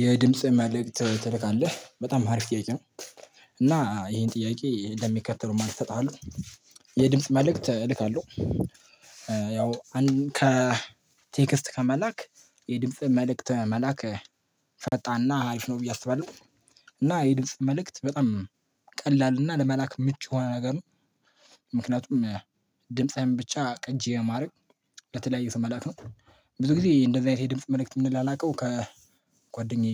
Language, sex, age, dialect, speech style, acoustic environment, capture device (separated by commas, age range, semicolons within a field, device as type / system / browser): Amharic, male, 18-24, Ethiopia - Addis Ababa, excited, people talking, mobile / Linux / Chrome